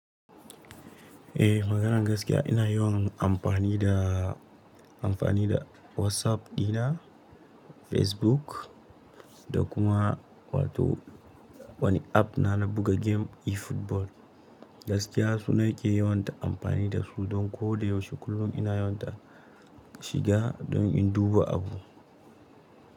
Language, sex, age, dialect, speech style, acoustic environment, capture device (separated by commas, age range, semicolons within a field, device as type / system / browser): Hausa, male, 18-24, Nigeria - Nigerian Standard, happy; excited; nervous; focused, appliances; silence; nature, mobile / Linux / Chrome